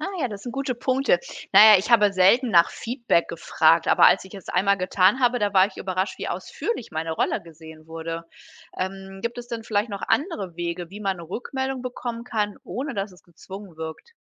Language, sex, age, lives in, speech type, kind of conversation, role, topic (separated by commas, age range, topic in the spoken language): German, female, 45-49, Germany, read, expert-assistant, asker, Wie beeinflusst die Arbeit im öffentlichen Dienst dein Gefühl von Sinn im Alltag?